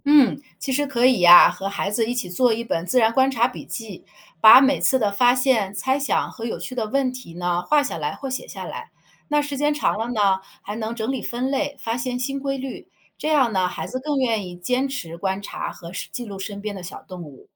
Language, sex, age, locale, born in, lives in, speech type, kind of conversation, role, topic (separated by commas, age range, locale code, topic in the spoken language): Chinese, female, 40-44, zh-CN, China, United States, read, expert-assistant, expert, 有哪些简单的方法能让日常生活中也能体验和学习生态学的知识？